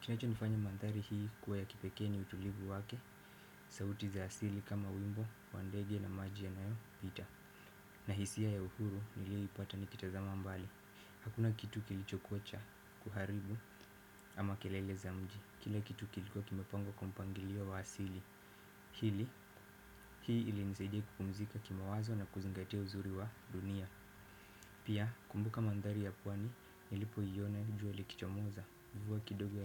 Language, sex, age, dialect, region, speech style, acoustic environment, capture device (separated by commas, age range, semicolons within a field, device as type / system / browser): Swahili, male, 18-24, Kenya - Mombasa, Kenya, excited, silence, desktop / Windows / Firefox